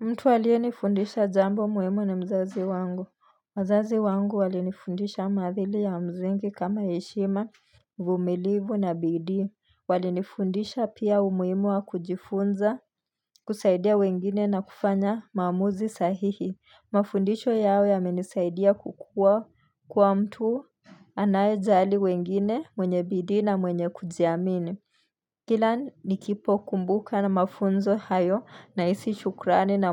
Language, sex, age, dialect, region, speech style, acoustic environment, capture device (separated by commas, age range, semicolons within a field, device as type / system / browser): Swahili, female, 25-34, Kenya - Nairobi Sheng-influenced, Kenya, relaxed, nature; silence, mobile / Linux / Chrome